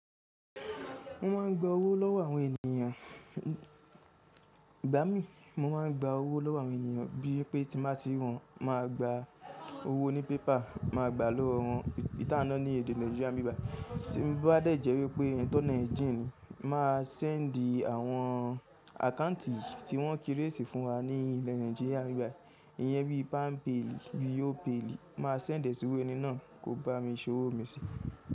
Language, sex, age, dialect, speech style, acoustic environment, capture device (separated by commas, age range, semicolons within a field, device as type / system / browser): Yoruba, male, 25-34, Nigeria - Ibadan, focused; relaxed, people talking; appliances; music, mobile / Linux / Chrome